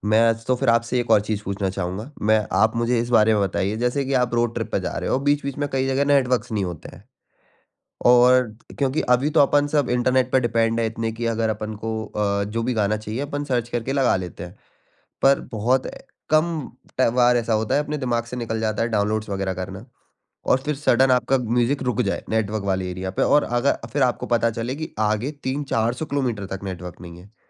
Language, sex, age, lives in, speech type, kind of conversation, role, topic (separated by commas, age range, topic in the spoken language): Hindi, male, 20-24, India, conversation, podcast, host, Roadtrip ke liye playlist curate karne ka tumhara process kya hota hai?